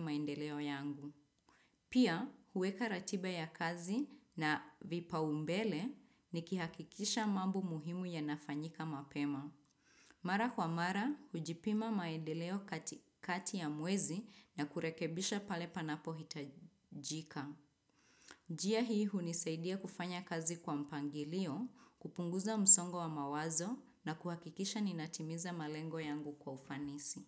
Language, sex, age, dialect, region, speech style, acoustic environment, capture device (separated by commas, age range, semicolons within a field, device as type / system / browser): Swahili, female, 25-34, Kenya - Nairobi Sheng-influenced, Kenya, happy; excited; focused; relaxed, nature, desktop / Windows / Chrome